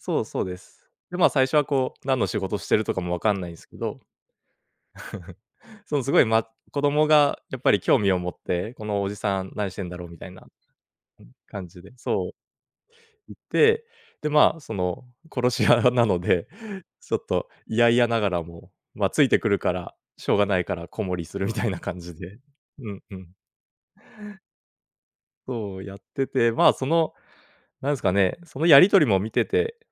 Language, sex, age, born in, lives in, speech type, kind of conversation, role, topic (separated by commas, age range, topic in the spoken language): Japanese, male, 30-34, Japan, Japan, conversation, podcast, guest, 映画でいちばん心を揺さぶられた場面は、どんなときでしたか？